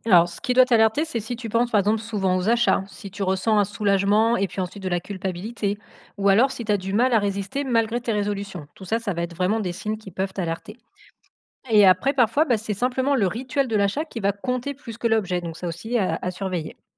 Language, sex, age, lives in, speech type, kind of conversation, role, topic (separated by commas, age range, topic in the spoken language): French, female, 35-39, France, read, expert-assistant, expert, Comment éviter de céder aux achats qu’on regrette après coup ?